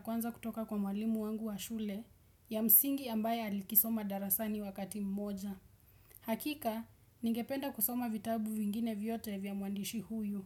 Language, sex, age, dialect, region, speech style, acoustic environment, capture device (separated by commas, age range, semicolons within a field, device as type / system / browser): Swahili, female, 18-24, Kenya - Nairobi Sheng-influenced, Kenya, happy; relaxed; excited; focused, silence; appliances, desktop / Windows / Chrome